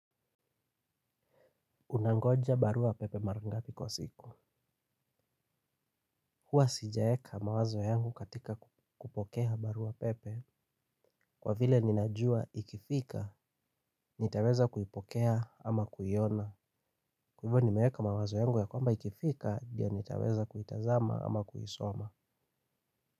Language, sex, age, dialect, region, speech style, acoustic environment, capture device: Swahili, male, 25-34, Kenya - Nairobi Sheng-influenced, Kenya, happy, silence, mobile / Linux / Chrome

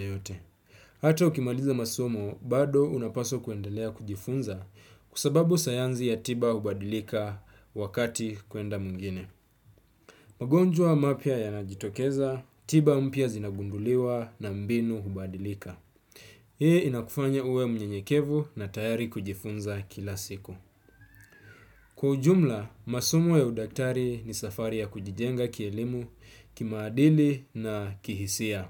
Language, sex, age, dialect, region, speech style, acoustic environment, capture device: Swahili, male, 25-34, Kenya - Mombasa, Kenya, relaxed, silence, desktop / Windows / Chrome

